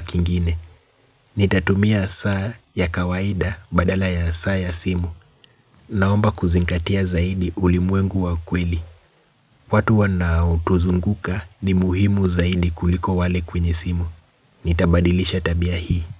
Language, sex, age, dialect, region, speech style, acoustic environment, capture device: Swahili, male, 25-34, Kenya - Nairobi Sheng-influenced, Kenya, relaxed, nature; appliances, mobile / Linux / Chrome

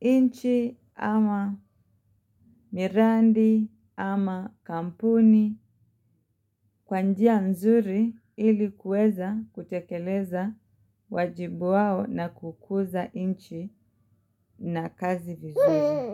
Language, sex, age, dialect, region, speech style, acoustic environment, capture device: Swahili, female, 25-34, Kenya - Mombasa, Kenya, happy, silence, mobile / Linux / Chrome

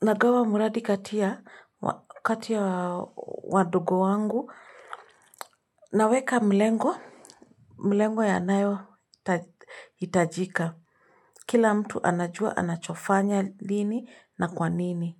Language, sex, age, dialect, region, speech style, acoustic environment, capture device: Swahili, female, 25-34, Kenya - Nairobi Sheng-influenced, Kenya, relaxed; focused, silence, mobile / Linux / Chrome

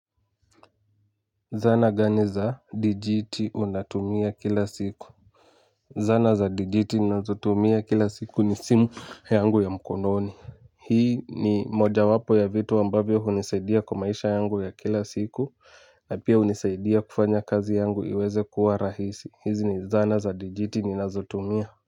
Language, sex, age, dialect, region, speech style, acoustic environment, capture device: Swahili, male, 18-24, Kenya - Nairobi Sheng-influenced, Kenya, excited; focused, music; nature; people talking, mobile / Linux / Chrome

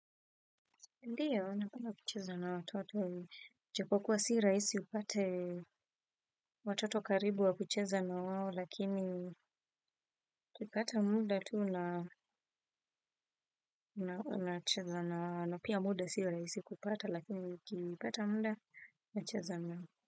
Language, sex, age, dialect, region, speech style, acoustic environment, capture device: Swahili, female, 25-34, Kenya - Nairobi Sheng-influenced, Kenya, focused, silence, desktop / Windows / Firefox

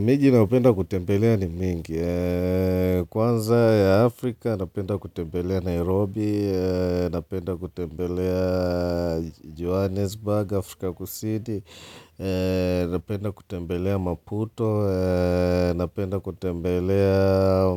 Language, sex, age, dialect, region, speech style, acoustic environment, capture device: Swahili, male, 35-44, Tanzania - Dar es Salaam, Tanzania, relaxed, people talking, mobile / Linux / Chrome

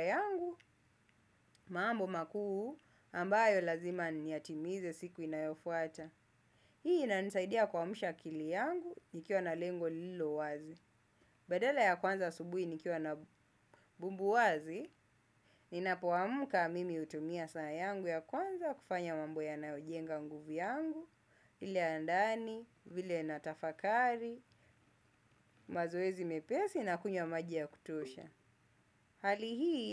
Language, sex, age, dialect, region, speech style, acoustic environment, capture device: Swahili, male, 25-34, Kenya - Mombasa, Kenya, happy; focused; excited; relaxed, nature; silence, desktop / Windows / Chrome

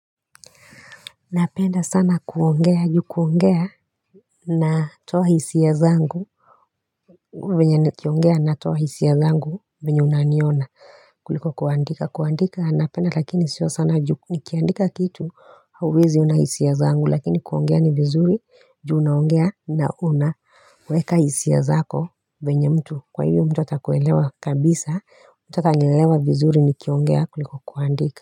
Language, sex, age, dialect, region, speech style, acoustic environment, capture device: Swahili, female, 35-44, Kenya - Nairobi Sheng-influenced, Kenya, relaxed, silence, mobile / Linux / Chrome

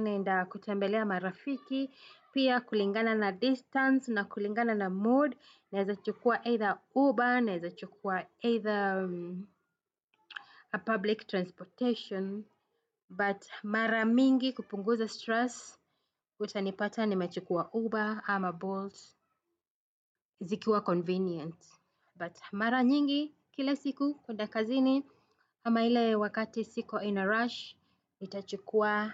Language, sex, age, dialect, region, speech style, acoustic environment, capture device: Swahili, female, 35-44, Kenya - Nairobi Sheng-influenced, Kenya, happy; anxious; tired; focused, people talking; music, desktop / Windows / Chrome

